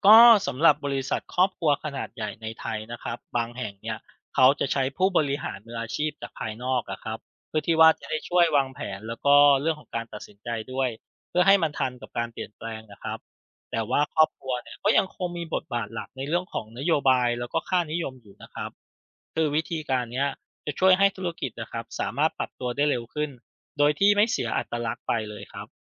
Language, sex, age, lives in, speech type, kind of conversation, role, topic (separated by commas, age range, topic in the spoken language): Thai, male, 35-39, Thailand, read, expert-assistant, expert, คนไทยนิยมทำธุรกิจแบบครอบครัวเพราะอะไร และวิธีคิดนี้มีผลต่อกลยุทธ์ยังไง